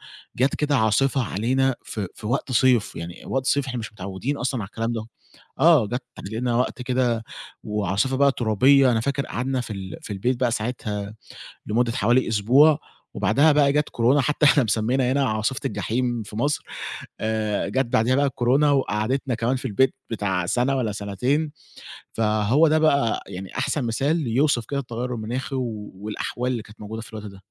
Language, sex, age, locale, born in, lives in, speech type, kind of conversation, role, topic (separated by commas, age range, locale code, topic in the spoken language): Arabic, male, 20-24, ar-EG, Egypt, Egypt, conversation, podcast, guest, إزاي التغير المناخي بيأثر على حياتنا اليومية؟